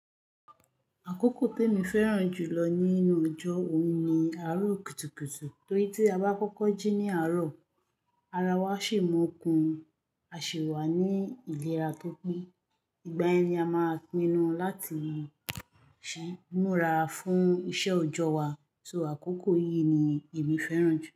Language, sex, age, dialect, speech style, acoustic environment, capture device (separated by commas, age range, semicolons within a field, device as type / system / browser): Yoruba, female, 25-34, Nigeria - Lagos Yoruba, happy, nature, mobile / Linux / Chrome